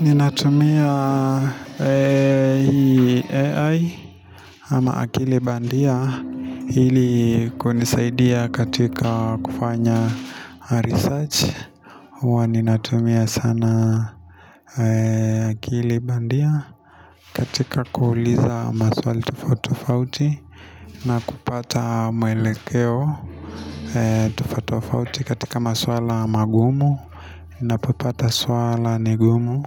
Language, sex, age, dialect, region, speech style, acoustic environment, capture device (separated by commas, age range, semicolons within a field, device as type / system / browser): Swahili, male, 25-34, Kenya - Nairobi Sheng-influenced, Kenya, nervous, people talking, mobile / Linux / Chrome